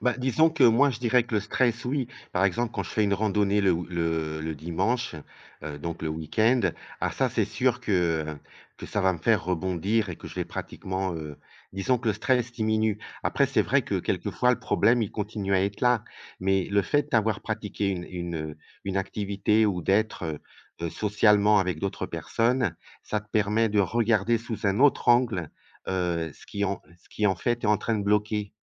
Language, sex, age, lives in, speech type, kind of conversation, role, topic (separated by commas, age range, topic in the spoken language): French, male, 55-59, Portugal, conversation, unstructured, none, Quel rôle jouent les loisirs dans la gestion du stress ?